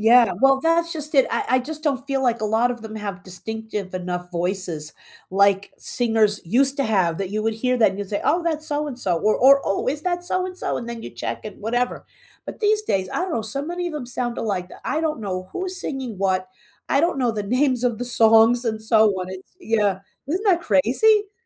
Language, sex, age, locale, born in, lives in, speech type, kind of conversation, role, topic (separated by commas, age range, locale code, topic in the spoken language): English, female, 65-69, en-US, United States, United States, conversation, unstructured, none, Which movie soundtracks are better than the films they accompany?